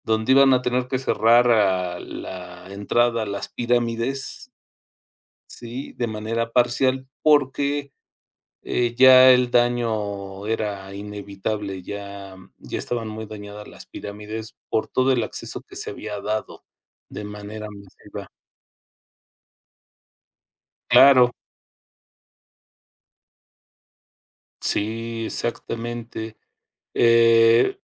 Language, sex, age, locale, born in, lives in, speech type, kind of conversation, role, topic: Spanish, male, 50-54, es-MX, Mexico, Mexico, conversation, unstructured, none, ¿Crees que la cultura cambia con la globalización? ¿Por qué?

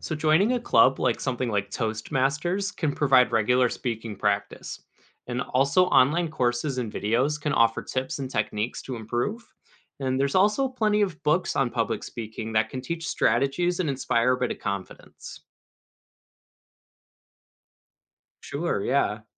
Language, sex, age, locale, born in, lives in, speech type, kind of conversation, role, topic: English, male, 20-24, en-US, United States, United States, read, expert-assistant, expert, How can public speaking skills be improved?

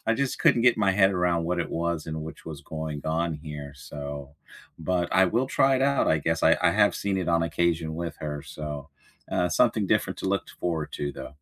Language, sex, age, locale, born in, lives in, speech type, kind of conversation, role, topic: English, male, 40-44, en-US, United States, United States, conversation, unstructured, none, Which small rituals or quick stress relievers help you get through tough days, and how did you discover them?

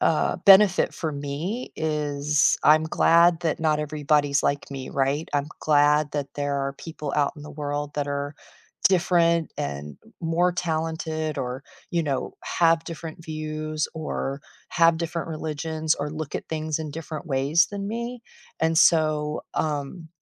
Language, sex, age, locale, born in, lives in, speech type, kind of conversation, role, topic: English, female, 50-54, en-US, United States, United States, conversation, unstructured, none, How do you decide what is right or wrong?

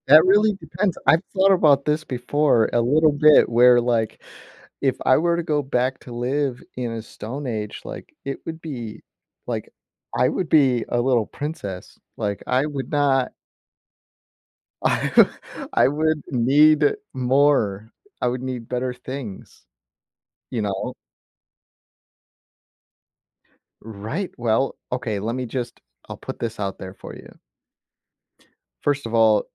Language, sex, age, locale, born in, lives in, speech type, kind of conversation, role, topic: English, male, 35-39, en-US, United States, United States, conversation, unstructured, none, Would you rather live in a world where technology is advanced but nature is gone, or in a world where nature thrives but technology is primitive?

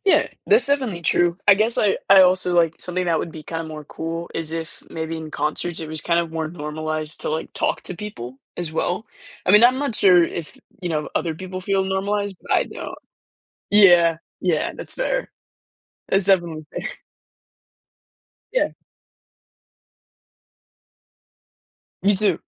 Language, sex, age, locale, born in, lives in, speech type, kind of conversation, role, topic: English, male, 18-19, en-US, United States, United States, conversation, podcast, host, Why do creative expressions like art and music help people connect and understand each other?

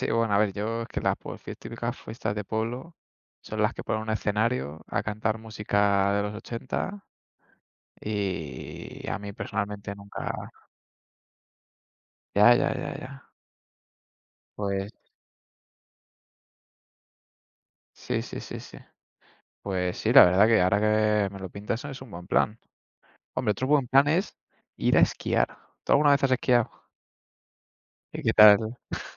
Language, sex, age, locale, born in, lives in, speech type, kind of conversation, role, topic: Spanish, male, 20-24, es-ES, Spain, Spain, conversation, unstructured, none, ¿Qué hace que un lugar sea perfecto para una escapada de fin de semana?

